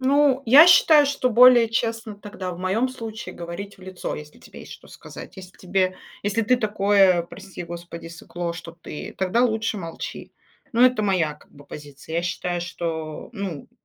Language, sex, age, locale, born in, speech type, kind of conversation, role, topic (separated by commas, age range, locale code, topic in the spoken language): Russian, female, 35-39, ru-RU, Russia, conversation, unstructured, none, Что для тебя важнее — быть похожим на других или выделяться?